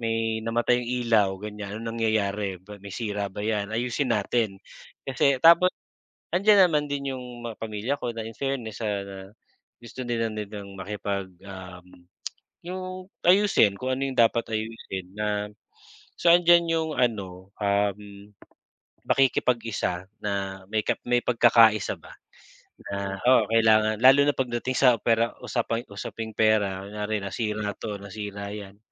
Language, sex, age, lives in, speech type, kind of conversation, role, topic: Filipino, male, 40-44, Philippines, conversation, unstructured, none, Ano ang paborito ninyong paraan ng pag-aalaga sa isa’t isa?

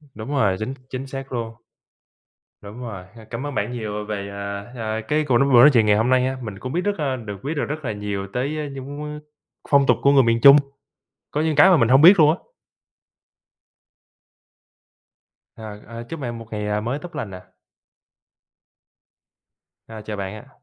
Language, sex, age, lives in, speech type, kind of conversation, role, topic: Vietnamese, male, 25-29, United States, conversation, unstructured, none, Bạn có kỷ niệm nào về gia đình vào dịp Tết mà bạn nhớ nhất không?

